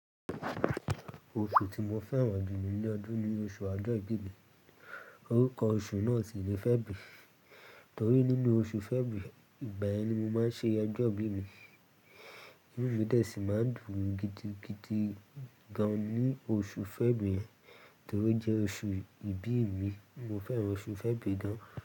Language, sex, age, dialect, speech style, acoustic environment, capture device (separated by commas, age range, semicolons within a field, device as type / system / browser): Yoruba, male, 18-24, Nigeria - Lagos Yoruba, happy; focused; excited, people talking; music; appliances; construction; traffic, mobile / Linux / Chrome